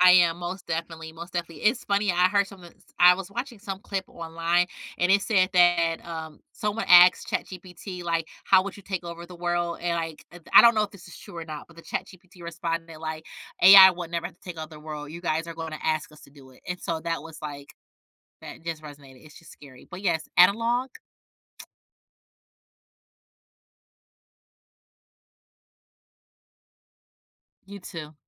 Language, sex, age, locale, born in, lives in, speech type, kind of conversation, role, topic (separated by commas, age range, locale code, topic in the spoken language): English, female, 35-39, en-US, United States, United States, conversation, podcast, guest, In what ways has technology transformed the way we live and manage our daily lives?